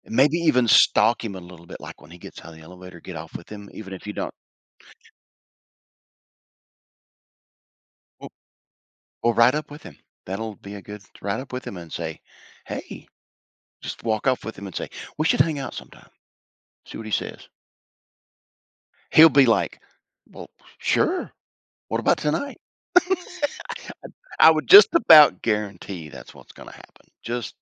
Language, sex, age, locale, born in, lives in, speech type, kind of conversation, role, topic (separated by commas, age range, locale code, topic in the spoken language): English, male, 55-59, en-US, United States, United States, conversation, advice, advisor, How can i confidently ask someone out on a date for the first time?